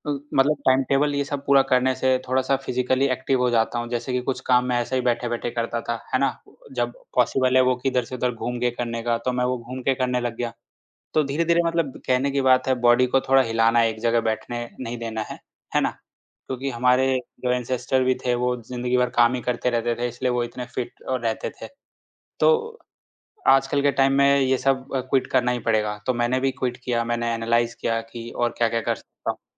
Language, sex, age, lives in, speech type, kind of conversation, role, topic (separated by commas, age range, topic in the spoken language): Hindi, male, 25-29, India, conversation, podcast, guest, Neend sudharne ke liye tum kaun-kaun se simple tareeke apnaate ho?